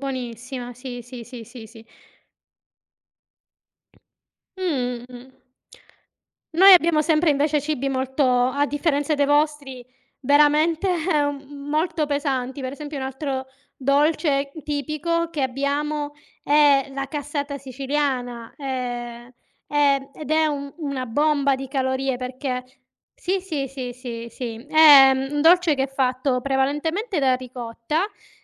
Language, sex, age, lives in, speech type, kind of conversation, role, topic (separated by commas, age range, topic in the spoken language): Italian, female, 35-39, Italy, conversation, unstructured, none, In che modo la cucina tradizionale può contribuire al benessere mentale?